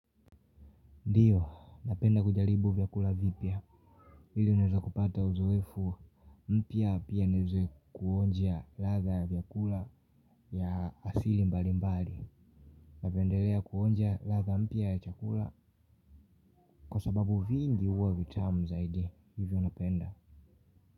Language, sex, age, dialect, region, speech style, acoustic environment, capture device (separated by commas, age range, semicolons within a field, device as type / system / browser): Swahili, male, 18-24, Tanzania - Dar es Salaam, Tanzania, happy; relaxed, people talking; silence, mobile / Linux / Chrome